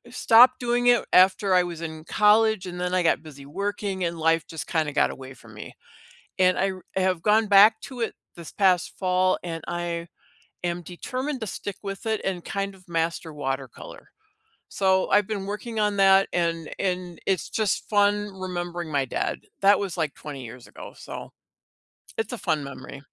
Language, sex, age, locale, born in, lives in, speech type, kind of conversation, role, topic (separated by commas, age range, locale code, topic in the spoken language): English, female, 60-64, en-US, United States, United States, conversation, unstructured, none, Which childhood hobby would you love to revisit as an adult, and what memories still draw you to it?